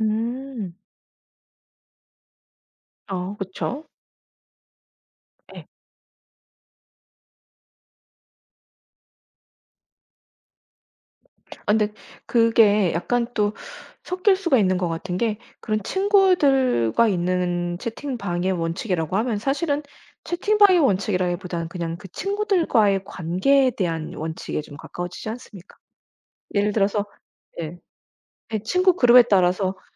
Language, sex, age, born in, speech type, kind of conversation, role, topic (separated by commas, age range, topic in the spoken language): Korean, female, 40-44, United States, conversation, podcast, host, 단체 채팅방을 효과적으로 관리하는 요령이 있나요?